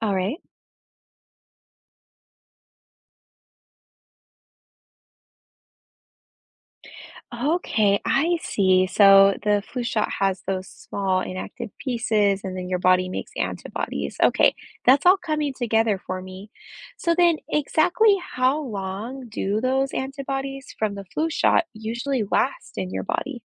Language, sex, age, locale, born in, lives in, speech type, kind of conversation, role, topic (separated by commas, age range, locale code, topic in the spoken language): English, female, 30-34, en-US, United States, United States, read, expert-assistant, asker, How does the immune system protect the body from germs?